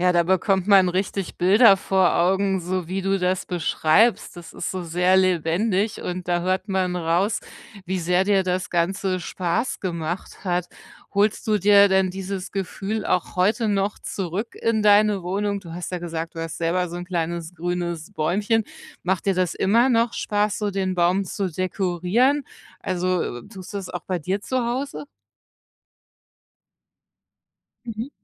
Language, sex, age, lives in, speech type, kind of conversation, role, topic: German, female, 45-49, Germany, conversation, podcast, host, Welche Farben heben deine Stimmung am meisten?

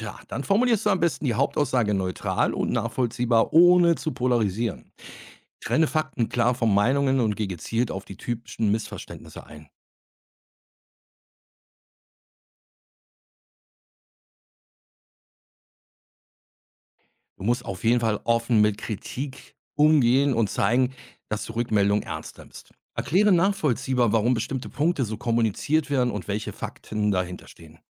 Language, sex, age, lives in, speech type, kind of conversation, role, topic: German, male, 50-54, Germany, read, expert-assistant, expert, Wieso kommen wichtige Botschaften in der Öffentlichkeitsarbeit manchmal beim Publikum nicht an?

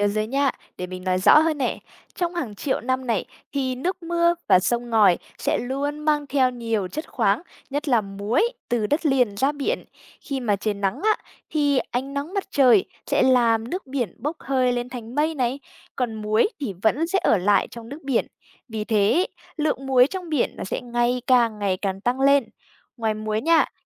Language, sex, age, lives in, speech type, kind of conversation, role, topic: Vietnamese, female, 20-24, Vietnam, read, expert-assistant, expert, Vì sao nước biển có vị mặn?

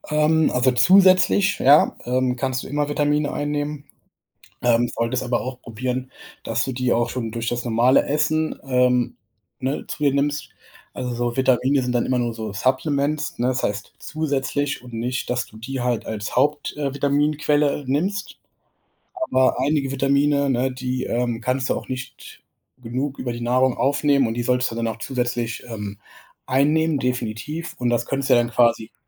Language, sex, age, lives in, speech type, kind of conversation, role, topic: German, male, 25-29, Germany, conversation, advice, advisor, Wie kann ich verhindern, dass ich wegen Alltagsstress regelmäßig die Einnahme von Medikamenten oder Vitaminen vergesse?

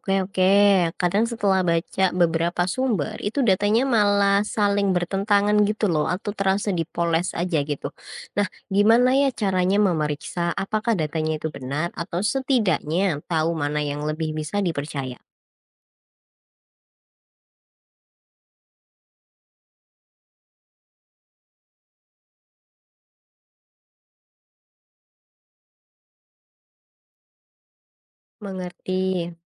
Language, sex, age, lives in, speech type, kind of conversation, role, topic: Indonesian, female, 20-24, Indonesia, read, expert-assistant, asker, Bagaimana caranya membandingkan kebijakan pemerintah dua negara kalau informasinya sulit didapat?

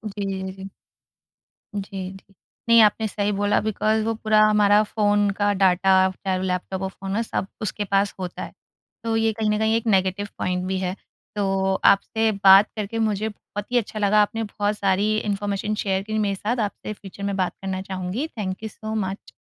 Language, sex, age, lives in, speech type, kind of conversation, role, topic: Hindi, female, 30-34, India, conversation, podcast, host, Future mein AI sahayak ghar ki rozmarra ki routines ko kaise badlenge, tum kya sochte ho?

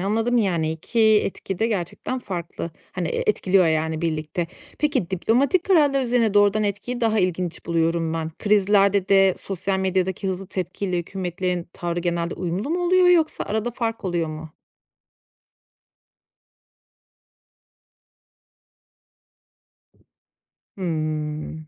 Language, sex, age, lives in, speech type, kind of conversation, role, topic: Turkish, female, 35-39, Austria, read, expert-assistant, asker, Farklı ülkeler arasındaki anlaşmazlıkları çözmek için pratikte hangi yollar işe yarıyor?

